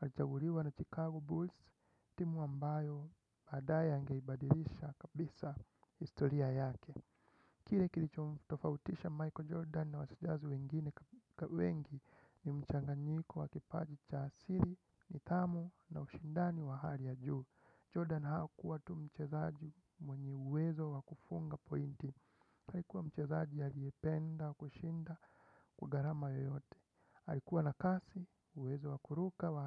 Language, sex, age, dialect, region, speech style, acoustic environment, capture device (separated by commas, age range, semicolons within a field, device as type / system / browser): Swahili, male, 25-34, Kenya - Nairobi Sheng-influenced, Kenya, relaxed, nature, desktop / Windows / Chrome